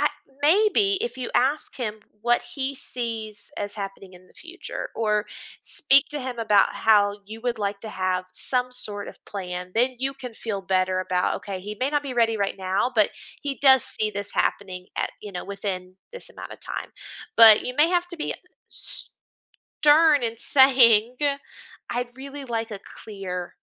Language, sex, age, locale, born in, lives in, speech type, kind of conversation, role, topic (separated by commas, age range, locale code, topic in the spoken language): English, female, 30-34, en-US, United States, United States, conversation, advice, advisor, How can I cope with feeling disgusted by my partner's dishonesty?